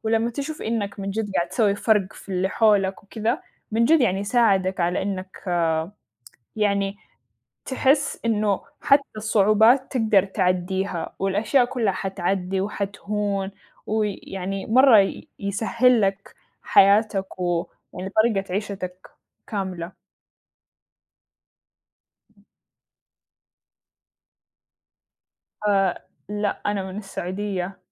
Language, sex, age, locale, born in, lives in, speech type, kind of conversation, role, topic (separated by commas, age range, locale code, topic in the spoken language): Arabic, female, 20-24, ar-SA, Saudi Arabia, United States, conversation, unstructured, none, إيه أكتر حاجة بتحسّسك بالرضا في حياتك؟